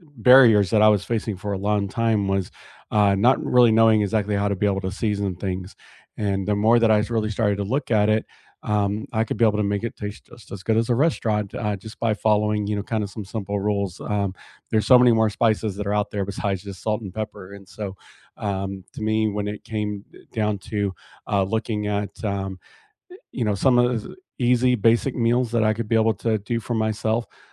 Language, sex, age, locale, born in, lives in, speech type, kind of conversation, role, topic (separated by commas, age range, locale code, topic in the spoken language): English, male, 40-44, en-US, United States, United States, conversation, unstructured, none, What is one skill you want to learn soon?